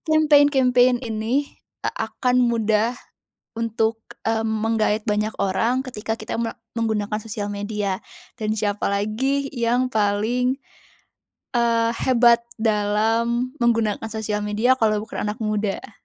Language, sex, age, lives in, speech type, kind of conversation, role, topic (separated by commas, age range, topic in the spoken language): Indonesian, female, 20-24, Indonesia, conversation, podcast, guest, Bagaimana peran anak muda dalam gerakan lingkungan?